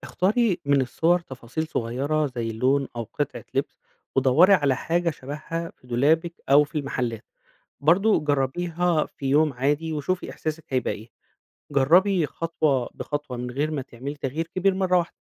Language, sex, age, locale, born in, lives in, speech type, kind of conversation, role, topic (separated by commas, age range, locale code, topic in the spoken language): Arabic, male, 35-39, ar-EG, Egypt, Egypt, read, expert-assistant, expert, إيه أنسب طريقة ممكن الواحد يتبعها عشان يكوّن ستايل شخصي يناسبه ويعبّر عنه فعلاً؟